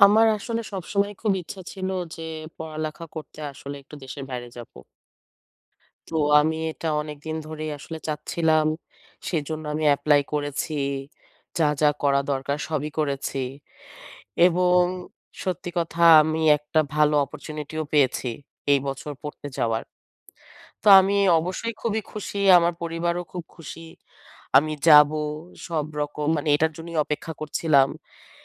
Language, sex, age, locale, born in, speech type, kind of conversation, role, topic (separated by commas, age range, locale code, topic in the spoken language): Bengali, female, 30-34, bn-BD, Bangladesh, conversation, advice, user, নতুন শহরের ভিন্ন আবহাওয়া ও তাপমাত্রায় কীভাবে মানিয়ে নেব?